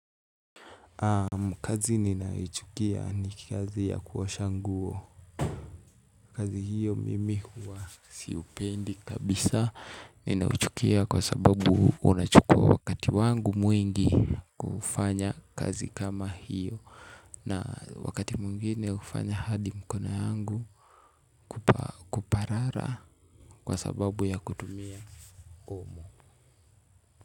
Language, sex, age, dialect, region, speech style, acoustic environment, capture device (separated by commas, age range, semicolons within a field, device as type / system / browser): Swahili, male, 18-24, Kenya - Nairobi Sheng-influenced, Kenya, happy, music, mobile / Linux / Chrome